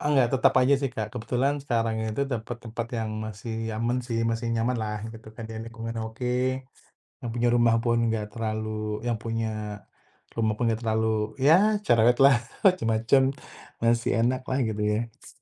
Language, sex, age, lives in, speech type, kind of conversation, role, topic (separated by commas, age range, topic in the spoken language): Indonesian, male, 35-39, Indonesia, conversation, podcast, guest, Bagaimana cara menentukan apakah sebaiknya membeli rumah atau tetap menyewa?